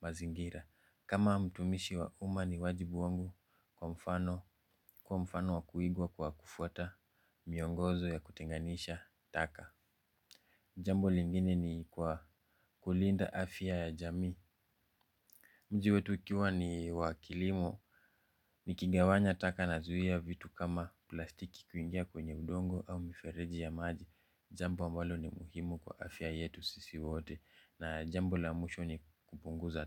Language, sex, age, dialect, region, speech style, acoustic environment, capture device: Swahili, male, 25-34, Kenya - Nairobi Sheng-influenced, Kenya, focused, silence, mobile / Linux / Chrome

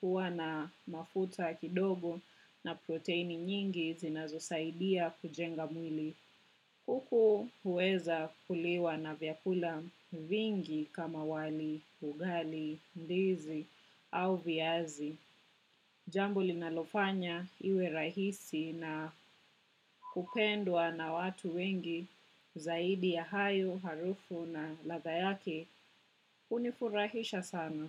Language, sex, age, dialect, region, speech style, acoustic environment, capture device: Swahili, female, 25-34, Kenya - Nairobi Sheng-influenced, Kenya, relaxed, silence, desktop / macOS / Chrome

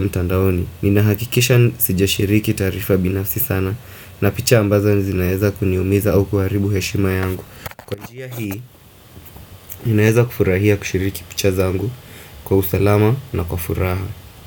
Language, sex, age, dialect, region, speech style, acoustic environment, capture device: Swahili, male, 25-34, Kenya - Mombasa, Kenya, focused, appliances; traffic, desktop / Windows / Firefox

